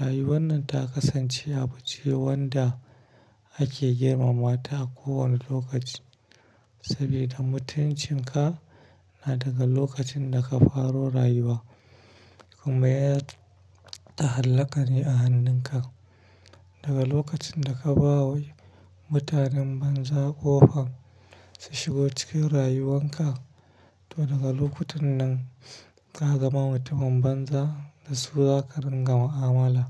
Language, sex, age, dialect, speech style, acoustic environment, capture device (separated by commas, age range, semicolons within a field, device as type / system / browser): Hausa, male, 25-34, Nigeria - Kano, happy; stressed, traffic; silence, mobile / Linux / Chrome